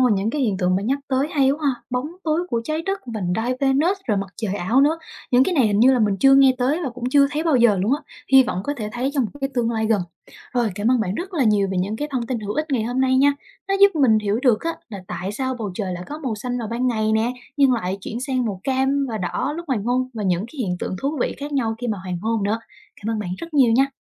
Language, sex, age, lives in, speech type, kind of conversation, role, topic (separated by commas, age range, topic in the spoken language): Vietnamese, female, 25-29, Vietnam, read, expert-assistant, asker, Tại sao bầu trời có màu xanh vào ban ngày nhưng lại chuyển sang màu cam hoặc đỏ lúc hoàng hôn?